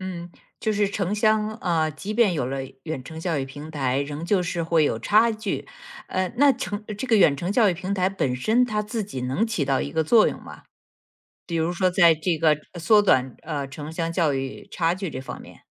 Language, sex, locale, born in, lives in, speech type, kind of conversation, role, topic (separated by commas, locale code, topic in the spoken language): Chinese, female, zh-CN, China, United States, conversation, podcast, host, 如何解决城乡教育资源差距问题？